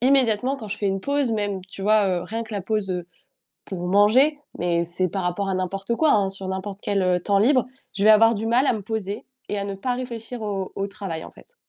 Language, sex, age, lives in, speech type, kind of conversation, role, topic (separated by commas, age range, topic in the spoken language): French, female, 25-29, France, conversation, advice, user, Comment puis-je fixer des limites à mon temps libre sans culpabiliser ?